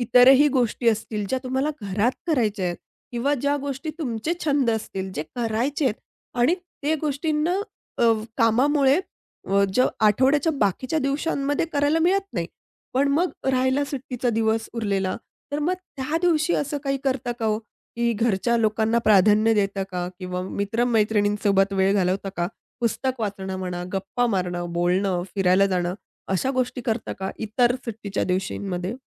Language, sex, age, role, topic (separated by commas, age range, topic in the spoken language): Marathi, female, 30-34, host, सुट्टीच्या दिवशी तुमच्यासाठी आदर्श दिवस कसा असतो?